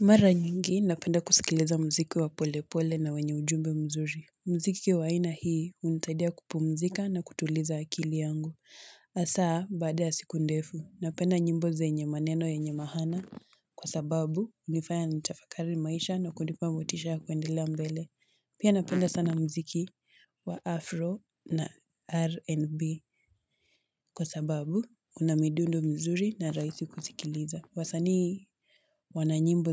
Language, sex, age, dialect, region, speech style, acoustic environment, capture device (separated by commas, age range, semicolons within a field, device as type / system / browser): Swahili, female, 18-24, Kenya - Mombasa, Kenya, relaxed; focused, people talking; nature, mobile / Linux / Chrome